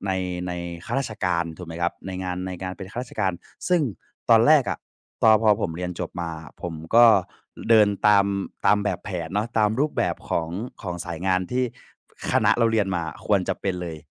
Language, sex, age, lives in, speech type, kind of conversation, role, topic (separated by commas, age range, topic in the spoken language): Thai, male, 20-24, Thailand, conversation, advice, user, ควรตัดสินใจลาออกอย่างไรเมื่อกังวลว่าอนาคตจะไม่แน่นอน?